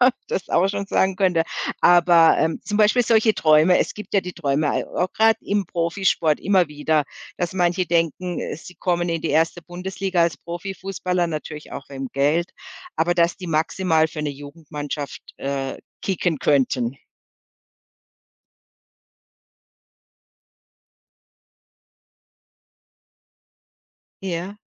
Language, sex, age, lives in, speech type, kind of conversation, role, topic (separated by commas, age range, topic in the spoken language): German, female, 55-59, Germany, conversation, podcast, host, Wie findest du heraus, was dir wirklich wichtig ist?